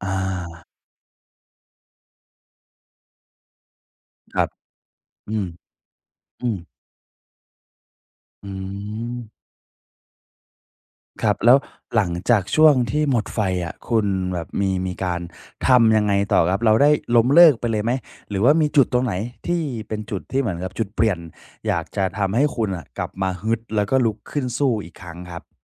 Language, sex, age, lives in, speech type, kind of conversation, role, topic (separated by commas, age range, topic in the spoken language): Thai, male, 20-24, Thailand, conversation, podcast, host, การดูแลสุขภาพเปลี่ยนชีวิตคุณไปอย่างไรบ้าง?